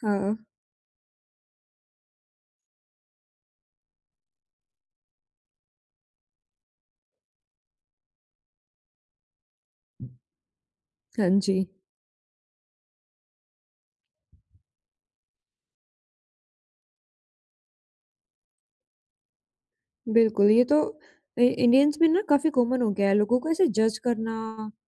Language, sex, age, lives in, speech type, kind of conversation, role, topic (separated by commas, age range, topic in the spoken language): Hindi, female, 20-24, India, conversation, podcast, host, Kya kabhi aisa koi pal aaya hai jab tumne apna स्टाइल बदलने का फैसला किया हो?